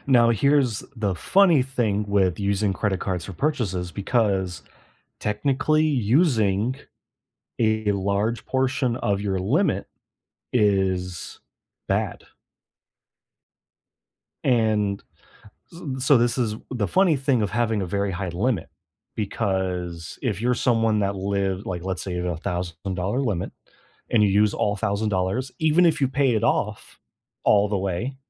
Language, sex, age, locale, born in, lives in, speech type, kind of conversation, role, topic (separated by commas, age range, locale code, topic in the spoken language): English, male, 25-29, en-US, United States, United States, conversation, unstructured, none, What surprising factors can affect your credit score?